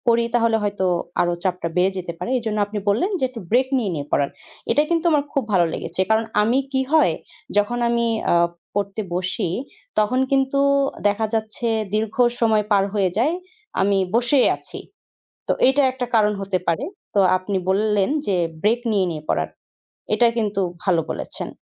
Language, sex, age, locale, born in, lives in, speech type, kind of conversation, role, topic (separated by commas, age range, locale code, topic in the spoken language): Bengali, female, 20-24, bn-BD, Bangladesh, Bangladesh, conversation, advice, user, অনিশ্চয়তার মধ্যে আমি কীভাবে শান্ত ও স্থির থাকতে পারি?